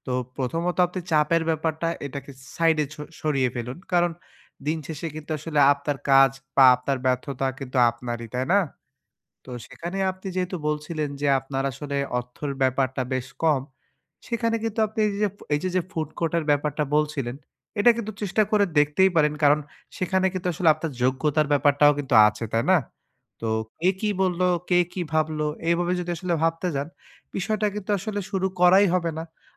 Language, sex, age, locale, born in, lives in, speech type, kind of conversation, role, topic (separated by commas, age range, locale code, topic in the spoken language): Bengali, male, 25-29, bn-BD, Bangladesh, Bangladesh, conversation, advice, advisor, সমাজচাপের মুখে না ভেঙে আমি কীভাবে নিজের সিদ্ধান্তে অটল থাকতে পারি?